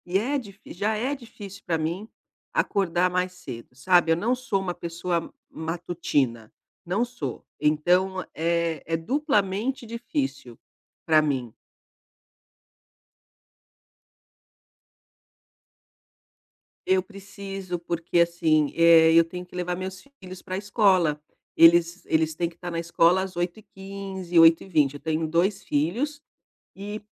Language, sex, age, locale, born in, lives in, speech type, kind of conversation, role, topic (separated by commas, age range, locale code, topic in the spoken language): Portuguese, female, 50-54, pt-BR, Brazil, Portugal, conversation, advice, user, Como posso sentir menos cansaço ao acordar pela manhã?